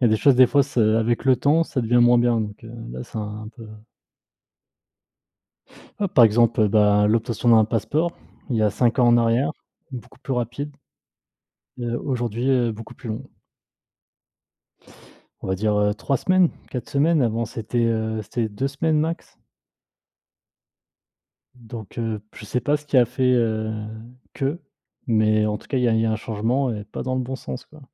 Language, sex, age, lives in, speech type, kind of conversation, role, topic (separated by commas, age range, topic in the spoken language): French, male, 30-34, France, conversation, unstructured, none, As-tu déjà eu l’impression d’être exploité au travail ?